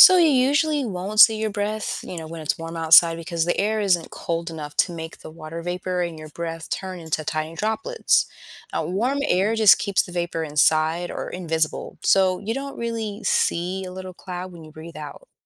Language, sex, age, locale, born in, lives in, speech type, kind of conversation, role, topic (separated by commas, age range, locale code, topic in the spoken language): English, female, 30-34, en-US, United States, United States, read, expert-assistant, expert, Why do we see our breath on a cold day?